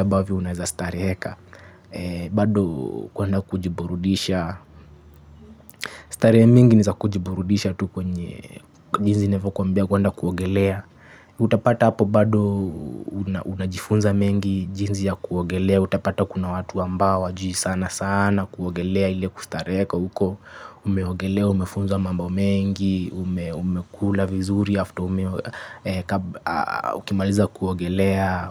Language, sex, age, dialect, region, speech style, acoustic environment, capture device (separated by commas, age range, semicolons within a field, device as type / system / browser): Swahili, male, 25-34, Kenya - Mombasa, Kenya, happy, nature; construction, mobile / Linux / Chrome